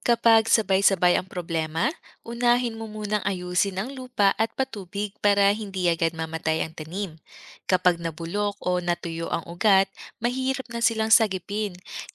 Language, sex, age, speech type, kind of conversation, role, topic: Filipino, female, 30-34, read, expert-assistant, expert, Paano maging epektibo at matipid sa pagsasaka gamit ang limitadong oras at yaman?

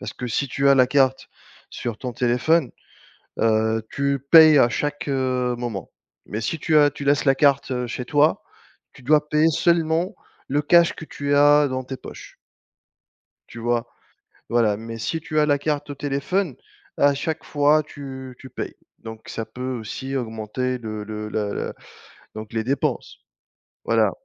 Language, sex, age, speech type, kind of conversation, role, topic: French, male, 35-39, conversation, unstructured, none, Comment gérez-vous votre budget chaque mois ?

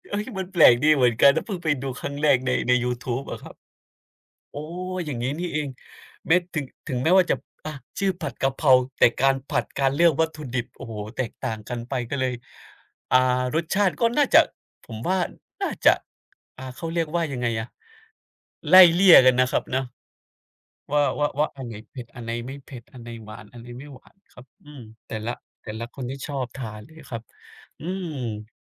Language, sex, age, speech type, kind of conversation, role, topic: Thai, male, 30-34, conversation, unstructured, none, ถ้ามีโอกาส คุณอยากแนะนำเมนูอาหารอะไรให้คนอื่นลองทำเอง?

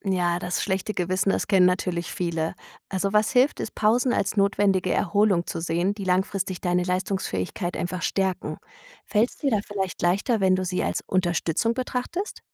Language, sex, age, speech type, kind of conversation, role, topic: German, female, 35-39, read, expert-assistant, expert, Wie finde ich im hektischen Alltag Momente, um innerlich zur Ruhe zu kommen?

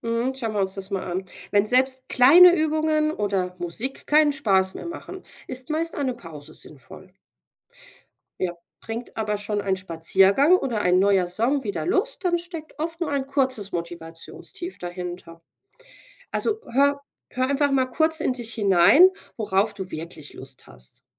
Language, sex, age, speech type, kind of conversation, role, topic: German, female, 40-44, read, expert-assistant, expert, Wie kann man beim Schreiben eine eigene Routine entwickeln, die die Kreativität fördert?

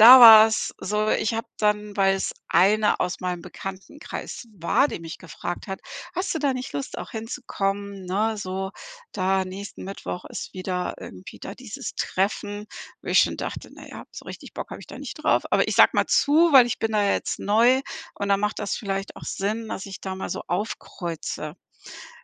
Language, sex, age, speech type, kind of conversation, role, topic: German, female, 55-59, conversation, podcast, guest, Wie sagst du „Nein“, wenn du dich überfordert fühlst?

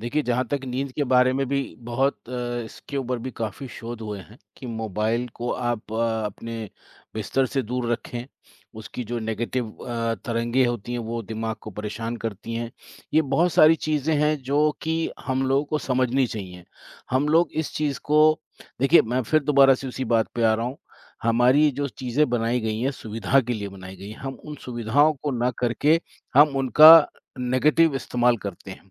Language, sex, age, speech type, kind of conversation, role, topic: Hindi, male, 55-59, conversation, unstructured, none, स्मार्टफोन ने आपकी दिनचर्या को कैसे बदला है?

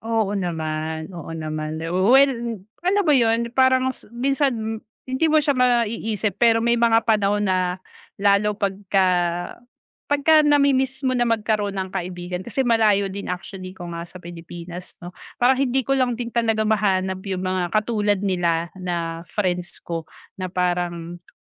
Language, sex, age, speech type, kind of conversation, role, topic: Filipino, female, 40-44, conversation, advice, user, Paano ko matatanggap at mapoproseso ang pagkawala ng isang relasyon?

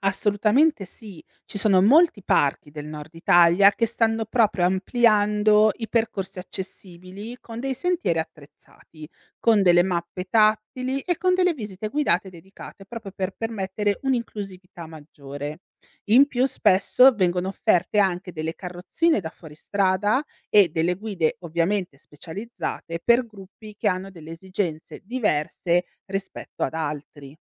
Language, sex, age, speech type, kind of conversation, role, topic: Italian, female, 35-39, read, expert-assistant, expert, Quali esperienze geologiche e naturalistiche si possono vivere nei parchi del Nord Italia?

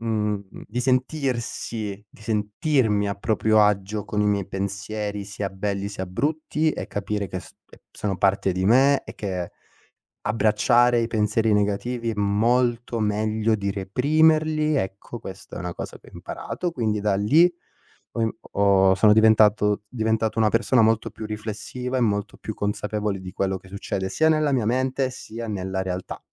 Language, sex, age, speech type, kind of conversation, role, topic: Italian, male, 25-29, conversation, podcast, guest, Hai un'abitudine che ti aiuta nei momenti difficili?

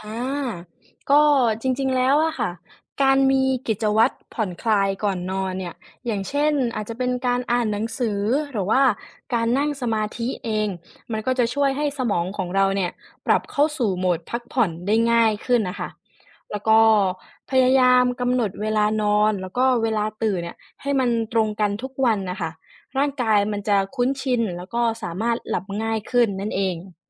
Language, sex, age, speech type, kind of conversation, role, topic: Thai, female, 20-24, read, expert-assistant, expert, ผลของการใช้โทรศัพท์มือถือก่อนนอนต่อคุณภาพการนอนหลับ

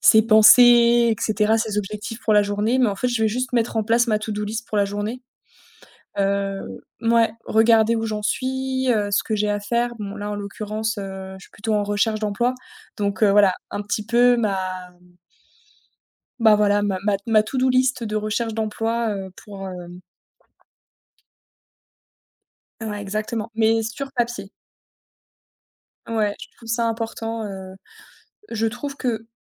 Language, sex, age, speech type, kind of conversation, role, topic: French, female, 30-34, conversation, podcast, guest, Quelle habitude quotidienne t’a le plus aidé ?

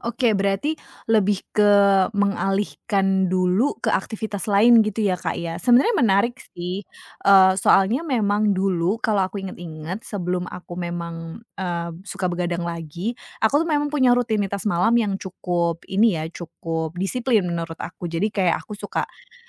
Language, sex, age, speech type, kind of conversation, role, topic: Indonesian, female, 30-34, conversation, advice, user, Bagaimana kebiasaan menggunakan layar hingga begadang sebelum tidur memengaruhi tidur dan aktivitasmu keesokan harinya?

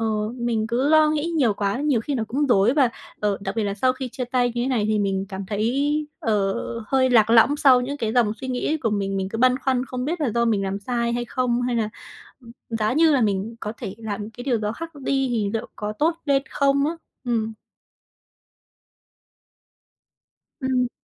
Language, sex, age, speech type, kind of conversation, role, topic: Vietnamese, female, 20-24, conversation, advice, user, Bạn đang cảm thấy lạc lõng như thế nào sau khi chia tay?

